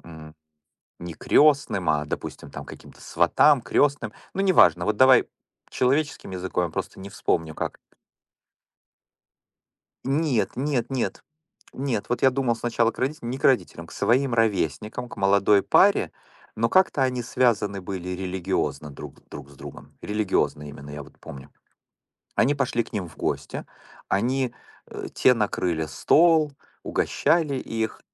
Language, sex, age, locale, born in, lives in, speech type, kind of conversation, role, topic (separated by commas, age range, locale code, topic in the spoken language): Russian, male, 45-49, ru-RU, Ukraine, United States, conversation, unstructured, none, Почему люди изменяют, даже если любят?